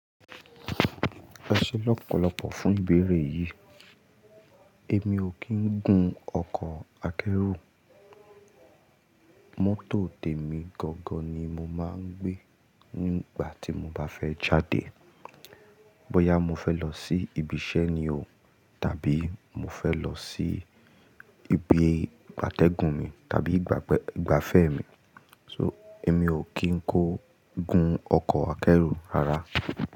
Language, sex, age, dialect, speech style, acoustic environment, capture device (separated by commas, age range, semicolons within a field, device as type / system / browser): Yoruba, male, 25-34, Nigeria - Ibadan, happy, silence, mobile / Linux / Chrome